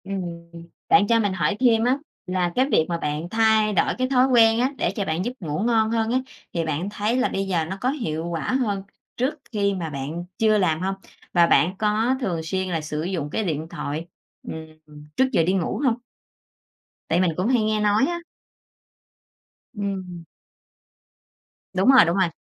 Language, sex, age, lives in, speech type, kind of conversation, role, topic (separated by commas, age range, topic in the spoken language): Vietnamese, female, 45-49, Vietnam, conversation, podcast, host, Bạn làm gì để ngủ ngon hơn mỗi đêm?